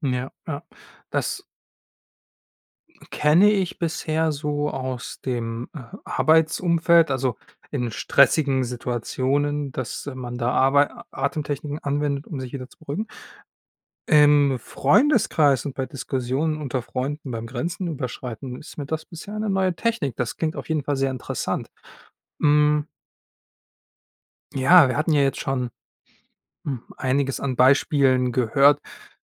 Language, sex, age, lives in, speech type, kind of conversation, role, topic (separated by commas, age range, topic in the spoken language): German, male, 25-29, Germany, conversation, podcast, host, Wie sprichst du mit Freunden über persönliche Grenzen?